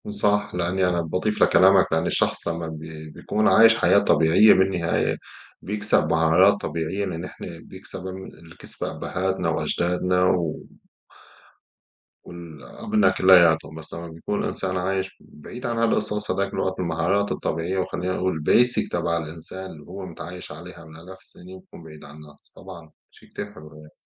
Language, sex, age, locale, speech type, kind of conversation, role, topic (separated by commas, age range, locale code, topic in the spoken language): Arabic, male, 35-39, ar-SY, conversation, unstructured, none, هل إنت شايف إن الشغل عن بُعد مفيد ولا مضر؟